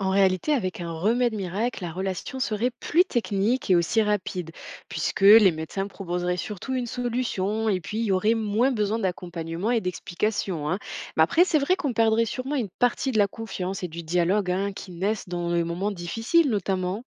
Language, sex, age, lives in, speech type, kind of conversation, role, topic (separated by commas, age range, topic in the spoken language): French, female, 25-29, France, read, expert-assistant, expert, Un remède miracle changerait-il notre rapport à la maladie et à la résilience ?